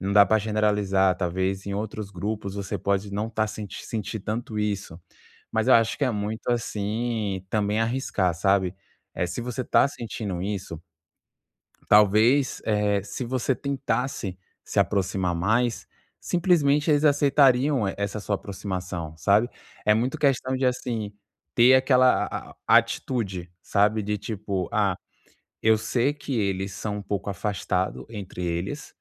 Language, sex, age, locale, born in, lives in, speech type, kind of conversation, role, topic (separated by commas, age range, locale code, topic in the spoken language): Portuguese, male, 25-29, pt-BR, Brazil, France, conversation, advice, advisor, Como posso lidar com a solidão após me mudar e ainda não ter feito amigos aqui?